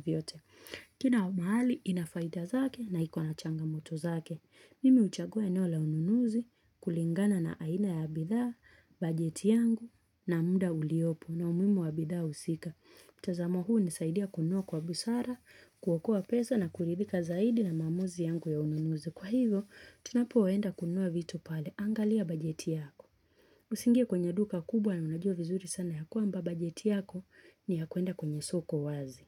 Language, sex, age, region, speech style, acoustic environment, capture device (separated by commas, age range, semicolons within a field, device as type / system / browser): Swahili, female, 25-34, Kenya, relaxed, nature; silence, mobile / Linux / Chrome